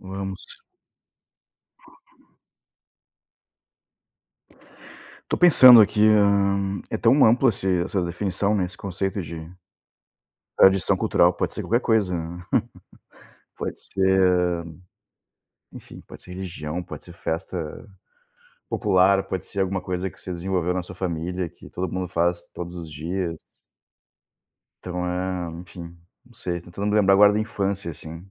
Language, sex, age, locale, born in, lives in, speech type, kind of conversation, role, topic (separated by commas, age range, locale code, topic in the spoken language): Portuguese, male, 40-44, pt-BR, Brazil, Germany, conversation, unstructured, none, Qual é a tradição cultural que mais te faz feliz?